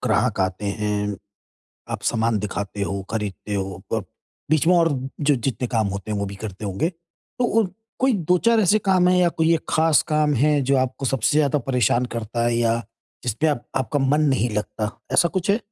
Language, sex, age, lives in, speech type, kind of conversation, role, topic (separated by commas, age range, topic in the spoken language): Hindi, male, 50-54, India, conversation, advice, advisor, मैं अपना ध्यान लंबे समय तक कैसे बनाए रख सकता/सकती हूँ?